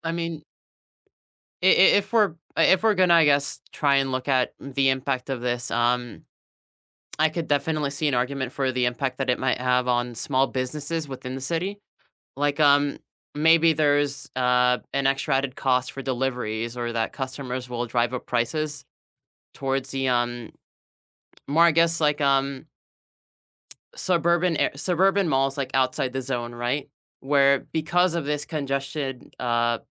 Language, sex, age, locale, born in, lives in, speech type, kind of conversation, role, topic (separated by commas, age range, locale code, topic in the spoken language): English, male, 20-24, en-US, United States, United States, conversation, unstructured, none, Could banning cars in city centers reduce emissions and promote equity, or would it unfairly burden everyday commuters?